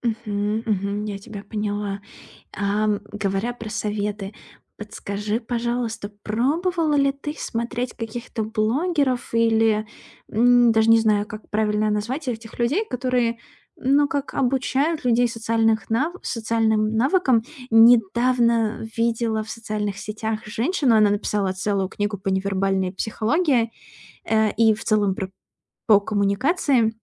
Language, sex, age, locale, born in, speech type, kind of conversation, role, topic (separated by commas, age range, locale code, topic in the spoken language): Russian, female, 30-34, ru-RU, Russia, conversation, advice, advisor, Как мне легче находить общий язык с разными группами людей на вечеринке?